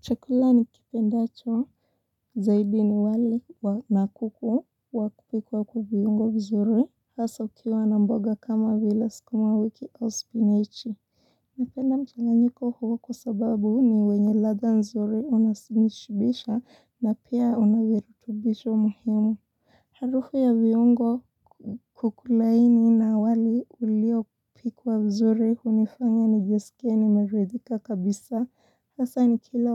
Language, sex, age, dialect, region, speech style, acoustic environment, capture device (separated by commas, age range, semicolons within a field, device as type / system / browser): Swahili, female, 18-24, Kenya - Mombasa, Kenya, relaxed, silence, mobile / Linux / Chrome